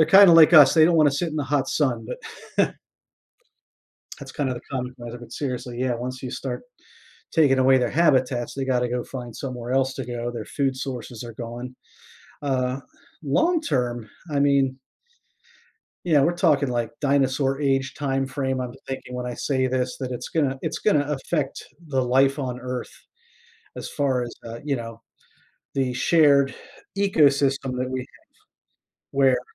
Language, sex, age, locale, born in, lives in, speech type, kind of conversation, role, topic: English, male, 50-54, en-US, United States, United States, conversation, podcast, guest, How does deforestation impact the health of our planet and its ecosystems?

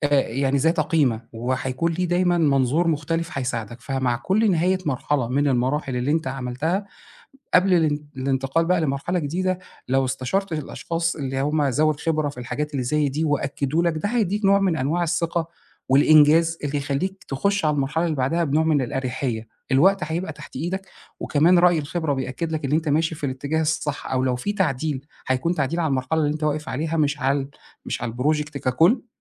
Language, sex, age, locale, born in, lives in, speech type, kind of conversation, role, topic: Arabic, male, 40-44, ar-EG, Egypt, Egypt, conversation, advice, advisor, إزاي الخوف من الفشل بيخلّيني أفضّل أعدّل في الشغل من غير ما أخلّصه؟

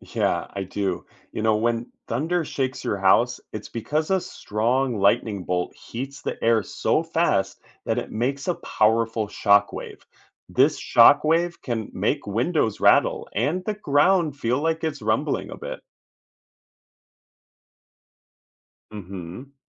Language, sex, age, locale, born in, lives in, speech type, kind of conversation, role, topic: English, male, 30-34, en-US, United States, United States, read, expert-assistant, expert, What happens inside thunderstorms to cause lightning, hail, and thunder?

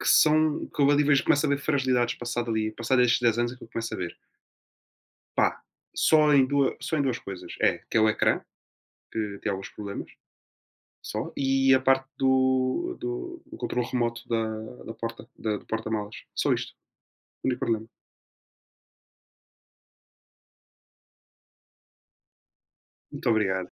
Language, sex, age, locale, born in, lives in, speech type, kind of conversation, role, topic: Portuguese, male, 20-24, pt-PT, Portugal, Portugal, conversation, unstructured, none, O que você acha de viajar de carro em vez de avião?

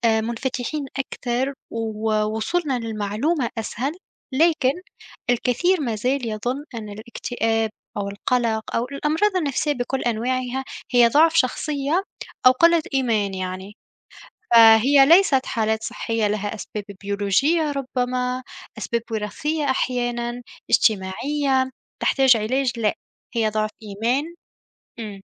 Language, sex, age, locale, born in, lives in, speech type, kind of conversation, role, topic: Arabic, female, 25-29, ar-TN, Tunisia, Germany, conversation, unstructured, none, إيه رأيك في وصمة العار اللي حوالين المرض النفسي؟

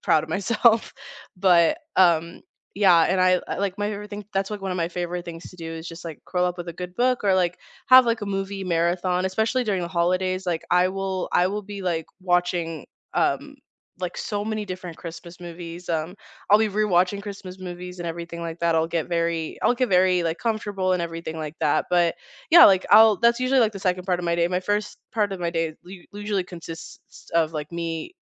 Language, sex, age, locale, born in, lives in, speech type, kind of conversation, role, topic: English, female, 20-24, en-US, United States, United States, conversation, unstructured, none, What is your ideal lazy Sunday, from your first coffee to your evening wind-down?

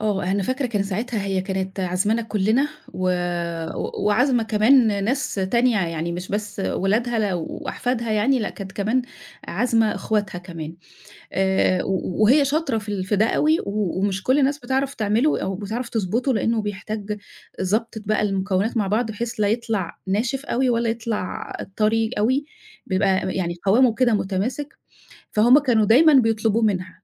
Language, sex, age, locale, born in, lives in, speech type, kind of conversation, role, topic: Arabic, female, 50-54, ar-EG, Egypt, Egypt, conversation, podcast, guest, احكي لنا عن طبق أكلته وبيفكّرك دايمًا بالبيت؟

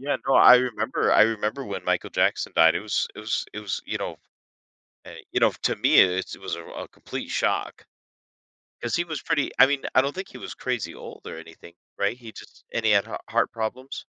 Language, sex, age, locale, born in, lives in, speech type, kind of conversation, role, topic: English, male, 30-34, en-US, United States, United States, conversation, podcast, host, How does music shape your everyday experiences and emotions?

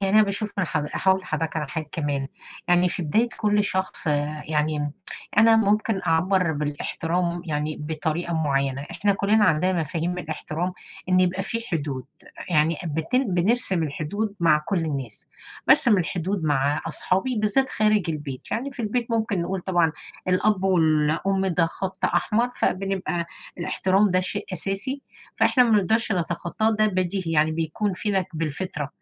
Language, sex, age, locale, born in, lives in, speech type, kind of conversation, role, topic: Arabic, female, 50-54, ar-EG, Egypt, United States, conversation, unstructured, none, إزاي شايف أهمية الاحترام في التعامل مع الناس التانيين؟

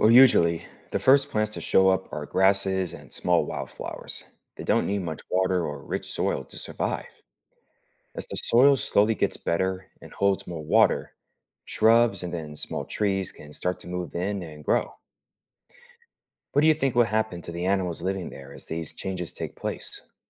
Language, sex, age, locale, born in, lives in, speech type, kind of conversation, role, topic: English, male, 30-34, en-US, United States, United States, read, expert-assistant, expert, What causes deserts to be dry and hot?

